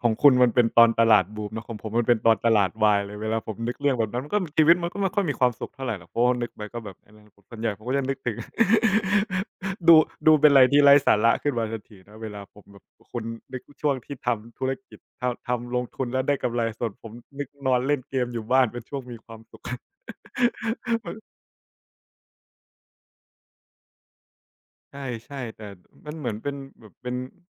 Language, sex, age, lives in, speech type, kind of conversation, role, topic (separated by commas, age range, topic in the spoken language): Thai, male, 25-29, Thailand, conversation, unstructured, none, ความทรงจำที่มีความสุขที่สุดของคุณคืออะไร?